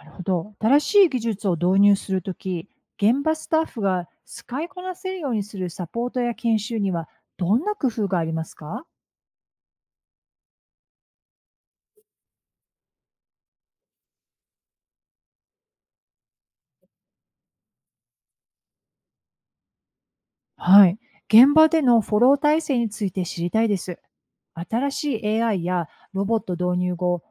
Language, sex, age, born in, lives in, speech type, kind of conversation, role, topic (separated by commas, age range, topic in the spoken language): Japanese, female, 55-59, United States, United States, read, expert-assistant, asker, 忙しい現場で、看護師がより効率よく働くためのアイデアにはどんなものがあると思いますか？